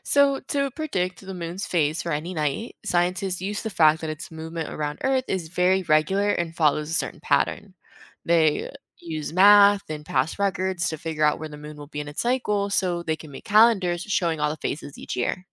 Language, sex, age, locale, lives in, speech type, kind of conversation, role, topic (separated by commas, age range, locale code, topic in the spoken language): English, female, 20-24, en-US, United States, read, expert-assistant, expert, What causes the phases of the moon?